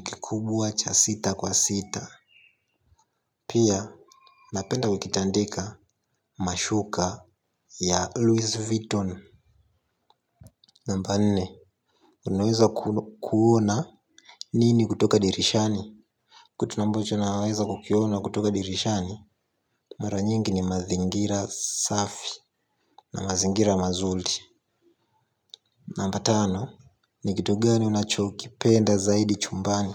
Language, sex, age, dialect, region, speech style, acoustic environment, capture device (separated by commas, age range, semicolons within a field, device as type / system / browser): Swahili, male, 18-24, Tanzania - Dar es Salaam, Tanzania, happy, silence; nature, mobile / Linux / Chrome